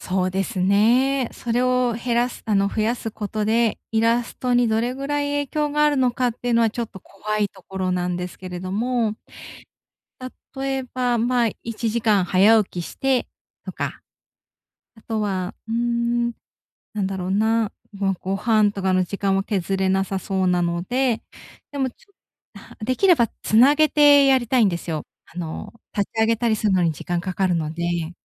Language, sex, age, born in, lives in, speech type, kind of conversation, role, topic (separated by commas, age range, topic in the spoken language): Japanese, female, 35-39, Japan, Japan, conversation, advice, user, 自分の価値観に合う活動はどうやって見つければよいですか？